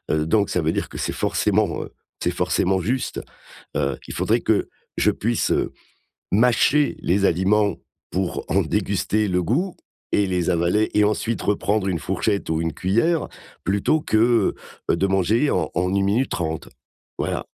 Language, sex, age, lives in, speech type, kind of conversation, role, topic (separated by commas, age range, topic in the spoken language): French, male, 55-59, France, conversation, advice, user, Comment puis-je dépasser ma peur d’essayer de nouvelles activités ?